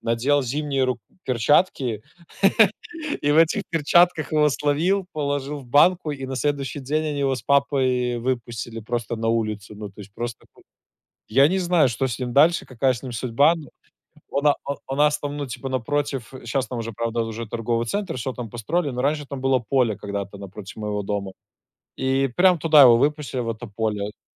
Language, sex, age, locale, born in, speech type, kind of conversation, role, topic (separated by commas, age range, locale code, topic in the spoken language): Russian, male, 25-29, ru-RU, Belarus, conversation, unstructured, none, Как животные влияют на наше эмоциональное состояние?